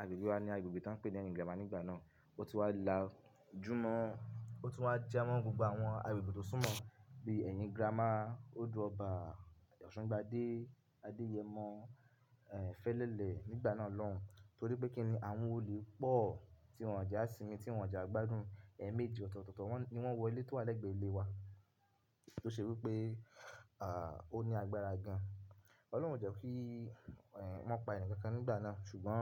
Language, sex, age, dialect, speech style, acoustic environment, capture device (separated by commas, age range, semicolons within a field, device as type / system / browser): Yoruba, male, 25-34, Nigeria - Ibadan, focused, people talking; nature, mobile / Linux / Chrome